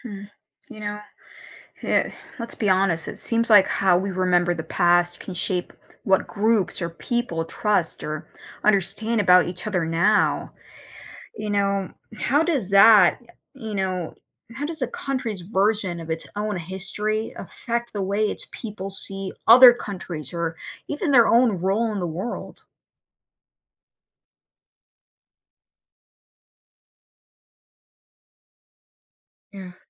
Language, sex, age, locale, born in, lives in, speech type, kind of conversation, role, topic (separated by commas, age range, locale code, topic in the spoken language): English, female, 30-34, en-US, United States, United States, read, expert-assistant, asker, How does studying history clarify current events?